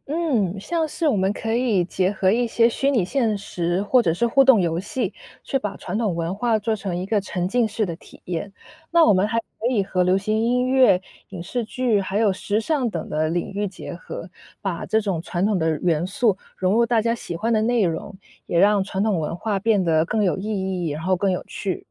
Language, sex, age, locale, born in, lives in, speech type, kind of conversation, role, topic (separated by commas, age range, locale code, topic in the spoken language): Chinese, female, 30-34, zh-CN, China, United States, read, expert-assistant, expert, 全球化对各国传统文化的影响有哪些？为什么有些传统能够保留，而有些却逐渐消失？